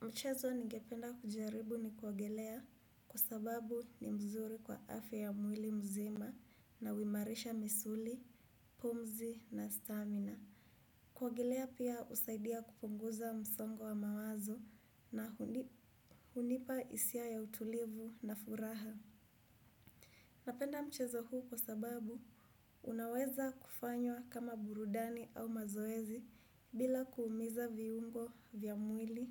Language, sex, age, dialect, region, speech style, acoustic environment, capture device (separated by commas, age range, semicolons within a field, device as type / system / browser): Swahili, female, 25-34, Kenya - Kiambu, Kenya, relaxed, silence, desktop / Windows / Chrome